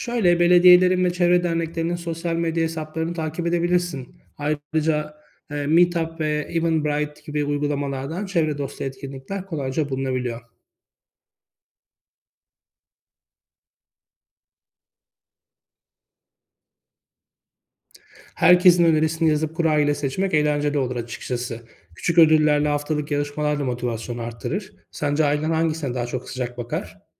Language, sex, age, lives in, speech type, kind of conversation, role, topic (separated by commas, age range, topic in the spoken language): Turkish, male, 35-39, Greece, read, expert-assistant, expert, Ailemde iklim değişikliğiyle ilgili farklı bakış açıları varken ortak bir çözüm yolunda nasıl ilerleyebilirim?